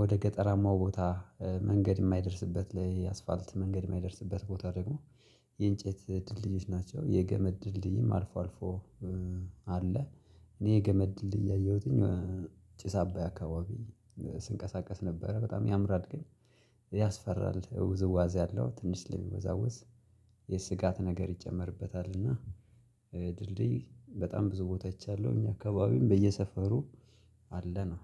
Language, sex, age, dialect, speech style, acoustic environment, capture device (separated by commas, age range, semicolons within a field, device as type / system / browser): Amharic, male, 25-34, Ethiopia - Gojjam, excited, nature, mobile / Linux / Chrome